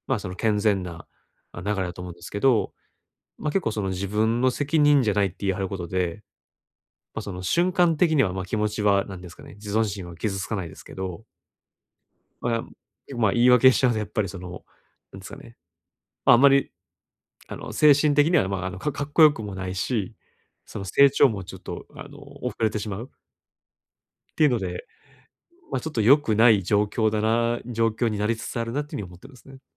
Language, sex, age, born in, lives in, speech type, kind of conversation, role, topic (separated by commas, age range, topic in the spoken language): Japanese, male, 30-34, Japan, Japan, conversation, advice, user, 言い訳をせずに責任を取るにはどうすればよいですか？